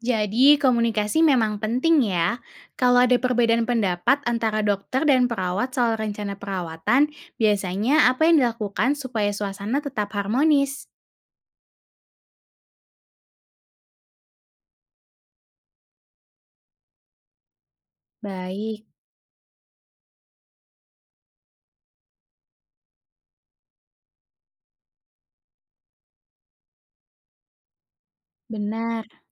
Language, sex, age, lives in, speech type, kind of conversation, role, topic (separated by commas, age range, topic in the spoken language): Indonesian, female, 20-24, Indonesia, read, expert-assistant, asker, Strategi seperti apa yang membantu tim perawat dan dokter bekerja sama demi pemulihan pasien?